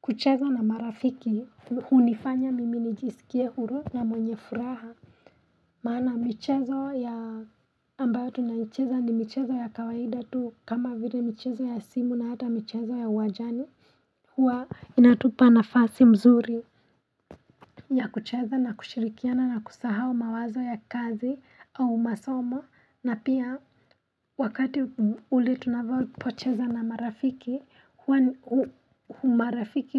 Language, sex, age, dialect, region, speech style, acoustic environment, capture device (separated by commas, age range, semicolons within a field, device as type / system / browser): Swahili, female, 25-34, Kenya - Nairobi Sheng-influenced, Kenya, happy; relaxed; focused; excited, nature; silence, mobile / Linux / Chrome